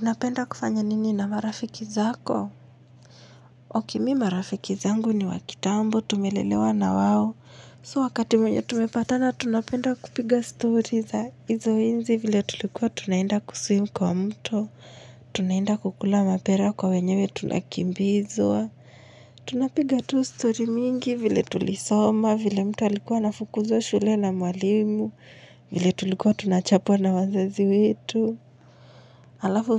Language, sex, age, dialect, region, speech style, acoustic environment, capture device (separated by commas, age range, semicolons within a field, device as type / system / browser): Swahili, female, 25-34, Kenya - Nairobi Sheng-influenced, Kenya, happy; relaxed; focused, silence, mobile / Linux / Chrome